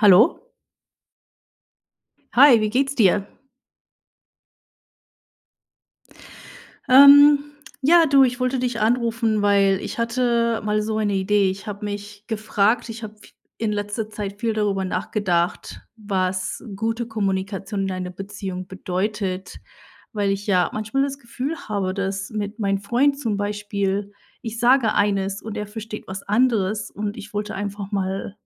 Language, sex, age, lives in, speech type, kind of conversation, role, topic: German, female, 30-34, United States, conversation, unstructured, none, Wie wichtig ist Kommunikation in einer Partnerschaft?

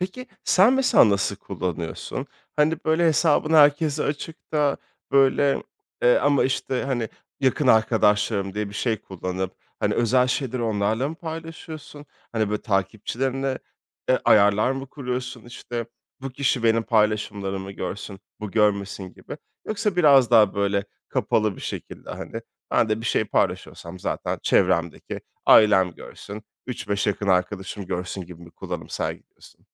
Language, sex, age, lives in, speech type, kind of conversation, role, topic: Turkish, male, 30-34, France, conversation, podcast, host, Sosyal medyada sınır koymanın pratik yolları neler?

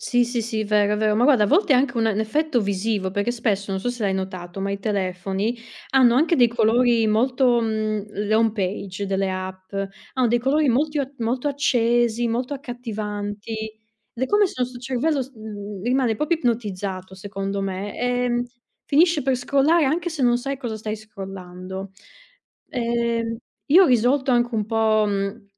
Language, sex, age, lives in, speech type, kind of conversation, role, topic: Italian, female, 30-34, Italy, conversation, unstructured, none, Quali problemi noti nell’uso eccessivo degli smartphone?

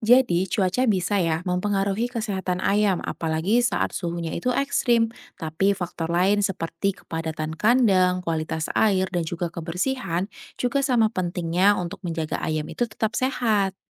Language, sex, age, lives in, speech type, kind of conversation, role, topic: Indonesian, female, 30-34, Indonesia, read, expert-assistant, expert, Mengapa pola pengelolaan peternakan bisa memengaruhi kualitas hidup hewan ternak?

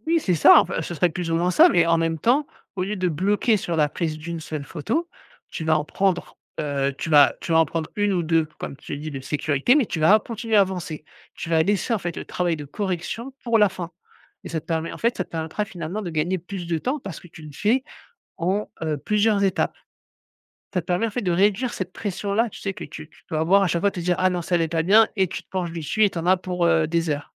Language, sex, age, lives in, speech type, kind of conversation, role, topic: French, male, 35-39, France, conversation, advice, advisor, Comment puis-je réduire mon auto-critique constante qui m’empêche d’avancer ?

